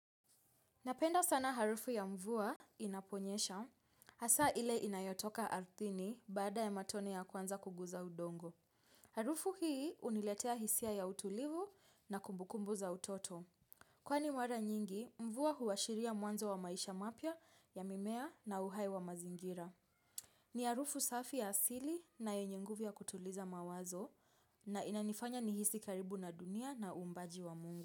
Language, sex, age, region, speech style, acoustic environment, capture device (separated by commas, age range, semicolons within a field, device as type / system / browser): Swahili, female, 25-34, Kenya, focused, silence, mobile / Linux / Chrome